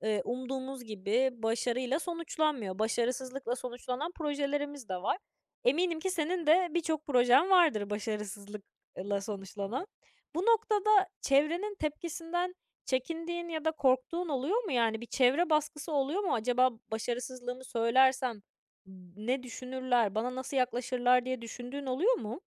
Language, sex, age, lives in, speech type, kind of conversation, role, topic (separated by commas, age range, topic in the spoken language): Turkish, female, 20-24, France, conversation, podcast, host, Başarısızlıktan çıkardığın en önemli ders ne?